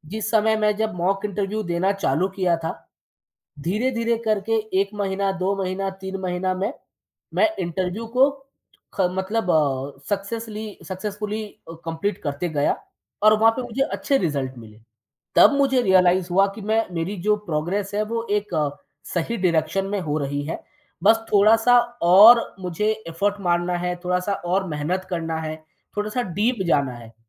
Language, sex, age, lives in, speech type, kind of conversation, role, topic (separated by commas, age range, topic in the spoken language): Hindi, male, 30-34, India, conversation, podcast, guest, Tumne khud se seekhna kab aur kaise shuru kiya, thoda bata sakte ho?